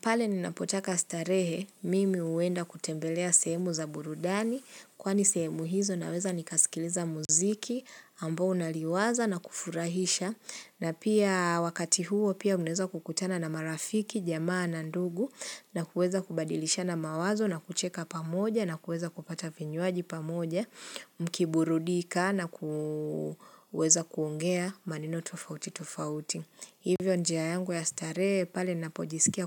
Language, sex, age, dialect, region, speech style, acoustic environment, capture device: Swahili, female, 25-34, Kenya - Nairobi Sheng-influenced, Kenya, relaxed, silence, mobile / Linux / Chrome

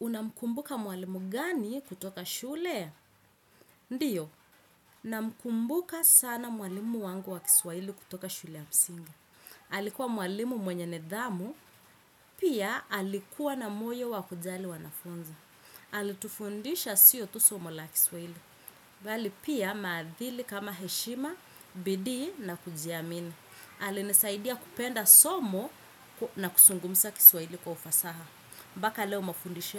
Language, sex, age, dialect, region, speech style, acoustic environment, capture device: Swahili, female, 25-34, Kenya - Mombasa, Kenya, focused, nature, desktop / Windows / Chrome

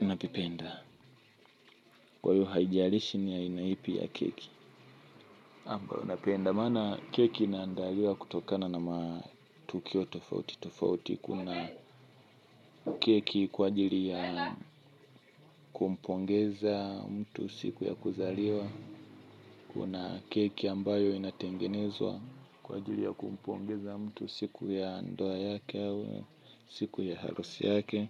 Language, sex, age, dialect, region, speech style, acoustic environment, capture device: Swahili, male, 25-34, Tanzania - Dar es Salaam, Tanzania, happy, music; nature, mobile / Linux / Chrome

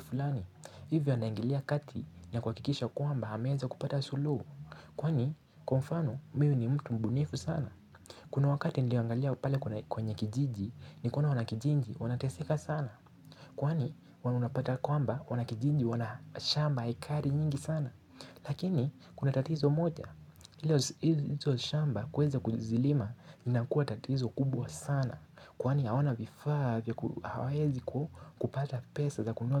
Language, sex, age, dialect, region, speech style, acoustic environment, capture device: Swahili, male, 18-24, Kenya - Nairobi Sheng-influenced, Kenya, anxious; excited; focused, nature; silence, mobile / Linux / Chrome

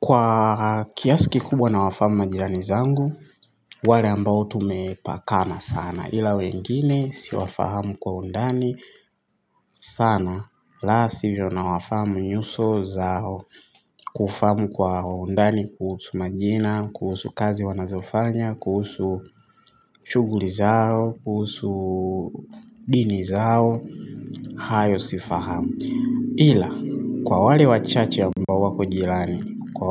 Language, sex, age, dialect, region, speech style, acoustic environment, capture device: Swahili, male, 25-34, Tanzania - Dar es Salaam, Tanzania, happy; relaxed, traffic, mobile / Linux / Chrome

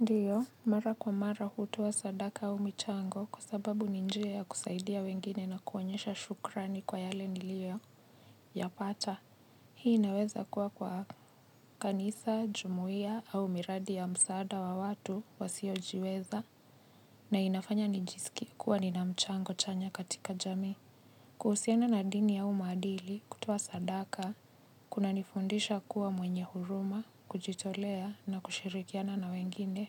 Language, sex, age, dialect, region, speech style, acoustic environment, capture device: Swahili, female, 25-34, Kenya - Nairobi Sheng-influenced, Kenya, happy, people talking, mobile / Linux / Chrome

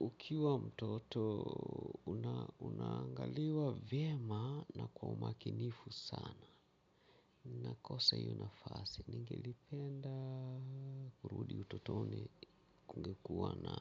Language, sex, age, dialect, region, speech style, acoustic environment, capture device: Swahili, male, 45-54, Kenya - Mombasa, Kenya, relaxed, nature; traffic; appliances, mobile / Linux / Chrome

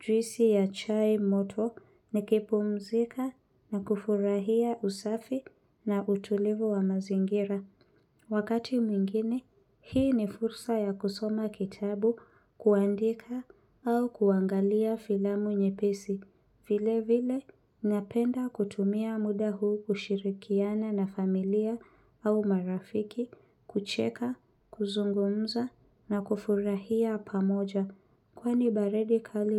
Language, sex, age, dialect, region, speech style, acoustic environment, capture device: Swahili, female, 25-34, Kenya - Nairobi Sheng-influenced, Kenya, happy, silence, mobile / Linux / Chrome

